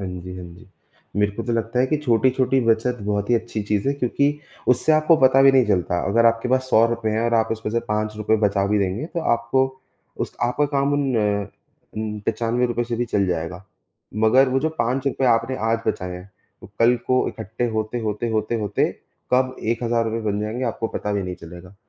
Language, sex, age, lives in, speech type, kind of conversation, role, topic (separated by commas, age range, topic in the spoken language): Hindi, male, 18-19, India, conversation, unstructured, none, पैसे बचाने के लिए सबसे अच्छी आदत क्या हो सकती है?